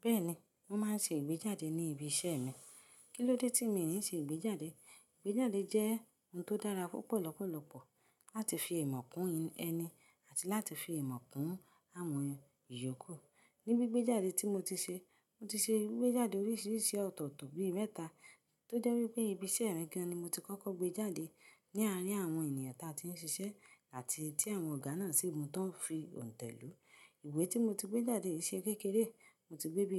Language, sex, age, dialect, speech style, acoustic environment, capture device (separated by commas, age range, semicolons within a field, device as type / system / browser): Yoruba, female, 25-34, Nigeria - Ibadan, anxious; relaxed; excited; focused, silence, mobile / Linux / Chrome